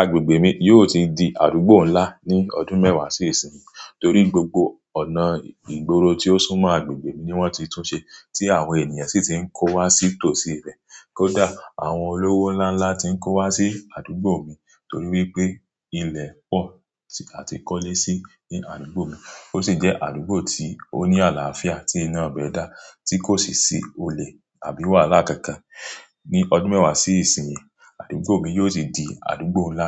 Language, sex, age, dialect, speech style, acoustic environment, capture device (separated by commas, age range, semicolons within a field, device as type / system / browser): Yoruba, male, 25-34, Nigeria - Ibadan, relaxed, silence, mobile / Android / Chrome